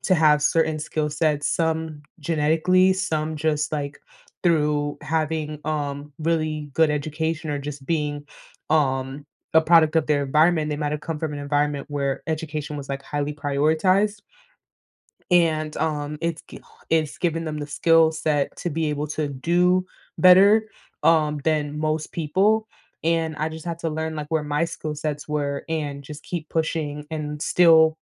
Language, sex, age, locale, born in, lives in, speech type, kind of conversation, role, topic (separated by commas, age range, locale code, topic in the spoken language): English, female, 20-24, en-US, United States, United States, conversation, podcast, guest, How can experiencing setbacks at work help us grow and improve?